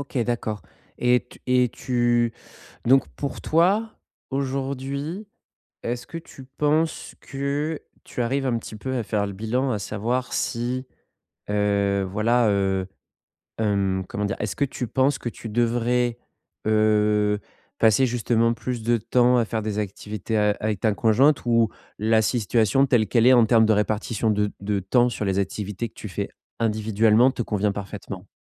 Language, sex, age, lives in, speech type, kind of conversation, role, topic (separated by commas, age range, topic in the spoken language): French, male, 30-34, France, conversation, advice, advisor, Comment équilibrer mes besoins individuels et nos routines quotidiennes ?